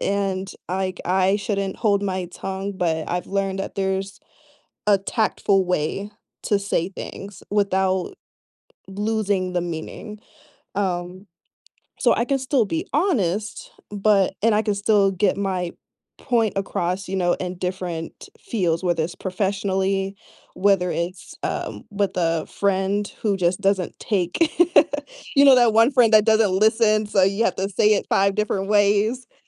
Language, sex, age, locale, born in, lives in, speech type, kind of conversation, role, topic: English, female, 25-29, en-US, United States, United States, conversation, unstructured, none, What does being authentic mean to you?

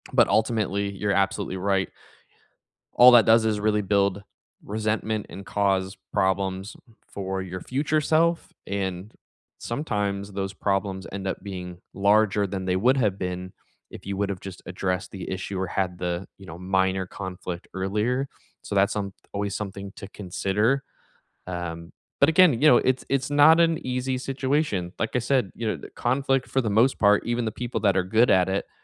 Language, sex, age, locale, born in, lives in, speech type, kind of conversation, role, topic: English, male, 30-34, en-US, United States, United States, conversation, unstructured, none, How can you use conflict to make relationships stronger?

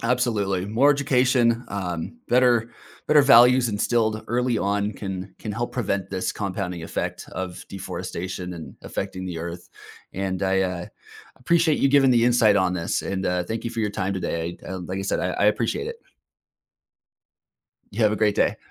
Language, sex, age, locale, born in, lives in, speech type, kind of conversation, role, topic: English, male, 30-34, en-US, United States, United States, conversation, podcast, host, How do healthy forests contribute to a better world for everyone?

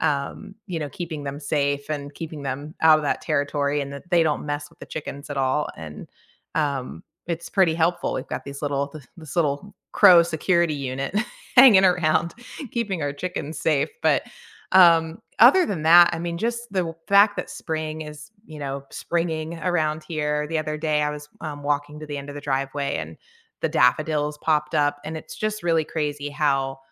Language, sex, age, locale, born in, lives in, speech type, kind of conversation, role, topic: English, female, 40-44, en-US, United States, United States, conversation, unstructured, none, What recent moments in nature have brightened your mood, and how can we connect through them?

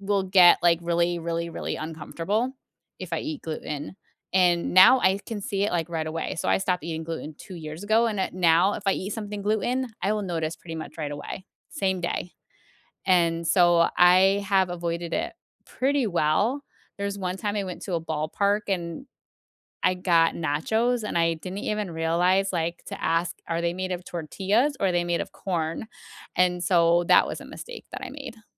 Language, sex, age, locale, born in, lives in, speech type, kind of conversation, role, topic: English, female, 40-44, en-US, United States, United States, conversation, unstructured, none, What is a meal you remember vividly, and what made it special for you?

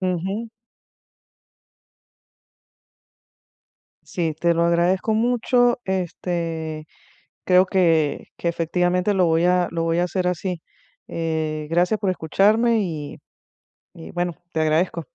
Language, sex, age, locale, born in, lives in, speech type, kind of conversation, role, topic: Spanish, female, 50-54, es-VE, Venezuela, United States, conversation, advice, user, ¿Cómo puedo ofrecer retroalimentación sin que la otra persona se ponga a la defensiva?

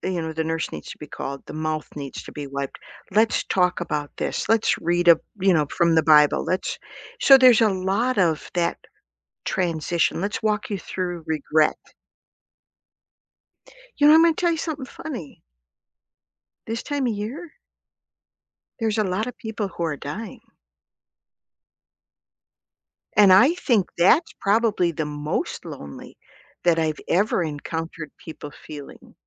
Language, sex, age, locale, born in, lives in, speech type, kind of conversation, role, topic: English, female, 60-64, en-US, United States, United States, conversation, unstructured, none, Why do people often feel lonely when grieving?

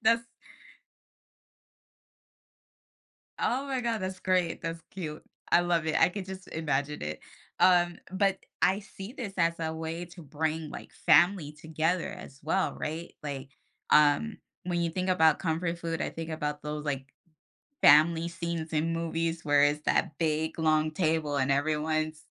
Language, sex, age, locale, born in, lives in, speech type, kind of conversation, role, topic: English, female, 30-34, en-US, United States, United States, conversation, podcast, host, Why do certain foods bring us comfort and emotional connection?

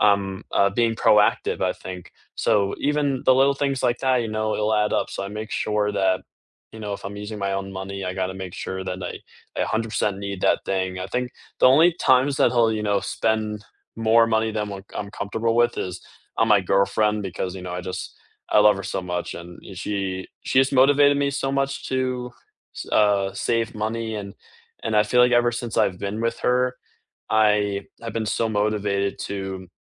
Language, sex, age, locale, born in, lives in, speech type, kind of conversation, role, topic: English, male, 18-19, en-US, United States, United States, conversation, unstructured, none, Have you ever made a big purchase that you later regretted?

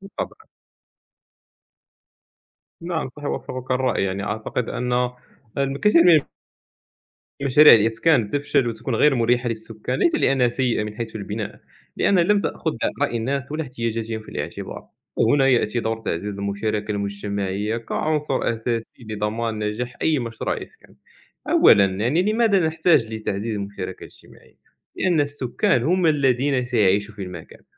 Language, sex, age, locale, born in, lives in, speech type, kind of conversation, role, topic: Arabic, male, 20-24, ar-MA, Morocco, France, conversation, unstructured, none, إيه أهمية مشاركة الناس في المجتمع في تشكيل اختياراتهم للسكن؟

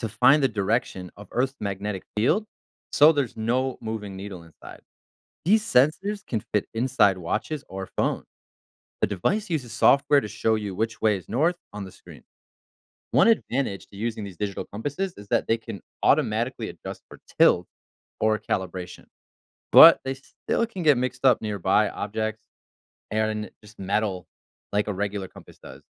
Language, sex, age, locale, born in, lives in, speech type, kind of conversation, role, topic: English, male, 30-34, en-US, United States, United States, read, expert-assistant, expert, How do compasses work and what affects their accuracy?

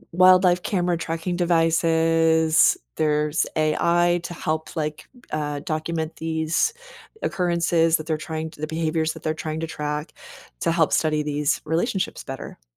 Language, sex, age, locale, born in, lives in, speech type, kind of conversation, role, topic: English, female, 45-49, en-US, United States, United States, read, expert-assistant, expert, What are the different types of animal symbiosis and how do they benefit organisms?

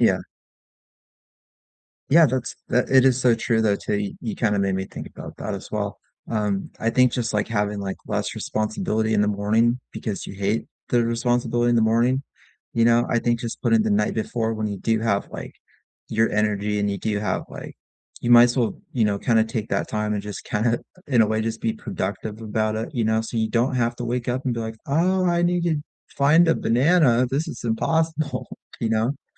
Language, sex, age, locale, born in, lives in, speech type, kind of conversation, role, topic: English, male, 40-44, en-US, United States, United States, conversation, unstructured, none, How do your morning and evening routines help you feel grounded and connected to others?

